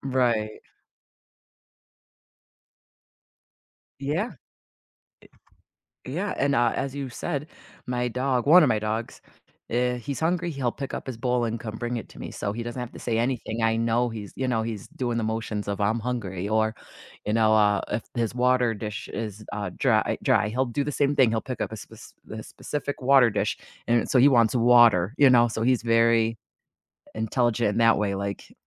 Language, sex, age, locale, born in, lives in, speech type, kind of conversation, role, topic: English, female, 40-44, en-US, United States, United States, conversation, unstructured, none, Would you rather always have to speak in rhymes or only be able to communicate through charades?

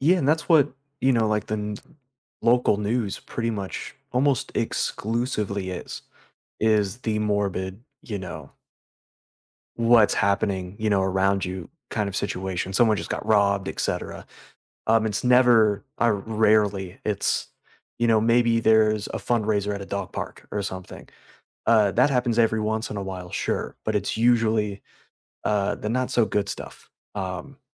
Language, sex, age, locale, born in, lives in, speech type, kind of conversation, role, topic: English, male, 30-34, en-US, United States, United States, conversation, unstructured, none, How do you keep up with what’s happening in your local government, and how does it affect your everyday community life?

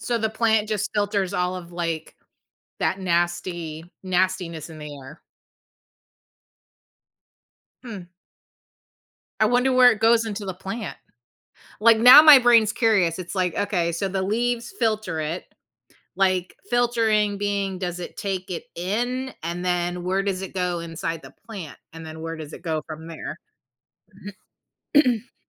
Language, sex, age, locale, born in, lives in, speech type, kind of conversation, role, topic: English, female, 45-49, en-US, United States, United States, conversation, podcast, host, In what ways do plants and trees contribute to a healthier environment for people?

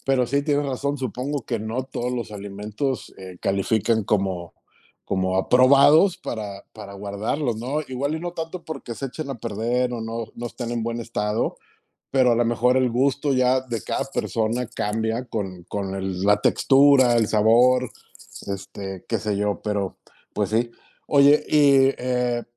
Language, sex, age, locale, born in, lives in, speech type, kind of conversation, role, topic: Spanish, male, 45-49, es-MX, Mexico, Mexico, conversation, podcast, host, ¿Cómo manejas las sobras para no desperdiciar comida?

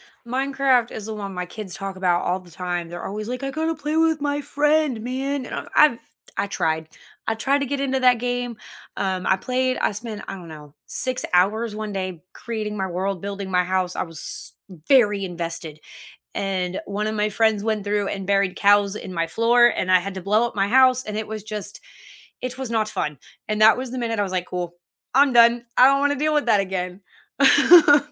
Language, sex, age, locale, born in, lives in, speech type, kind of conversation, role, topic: English, female, 30-34, en-US, United States, United States, conversation, unstructured, none, Which video games best bring friends together, whether you’re playing online or on the couch?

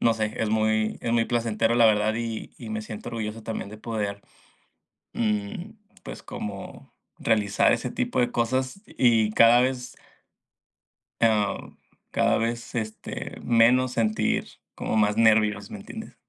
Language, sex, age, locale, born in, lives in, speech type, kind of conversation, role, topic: Spanish, male, 20-24, es-MX, Mexico, Mexico, conversation, unstructured, none, ¿Qué te hace sentir orgulloso/a de ti mismo/a?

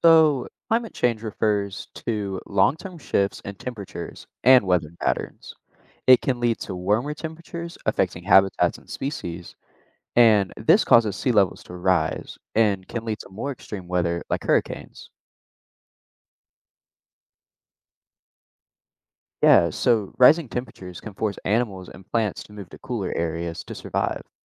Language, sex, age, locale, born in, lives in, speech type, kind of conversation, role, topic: English, male, 18-19, en-US, United States, United States, read, expert-assistant, expert, How does climate change impact the environment?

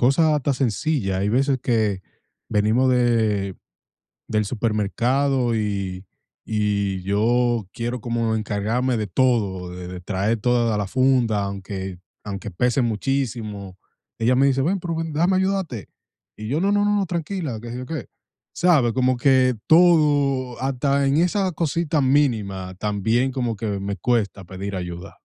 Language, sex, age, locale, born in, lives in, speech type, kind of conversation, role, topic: Spanish, male, 25-29, es-DO, United States, United States, conversation, advice, user, ¿Cómo puedo superar la vergüenza al pedir ayuda?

